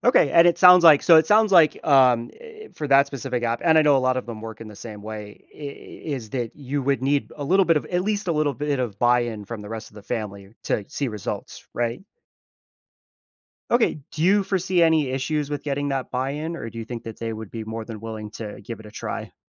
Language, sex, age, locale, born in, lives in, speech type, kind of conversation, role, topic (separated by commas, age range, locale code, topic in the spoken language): English, male, 30-34, en-US, United States, United States, conversation, advice, advisor, How can I balance work and home responsibilities without feeling overwhelmed?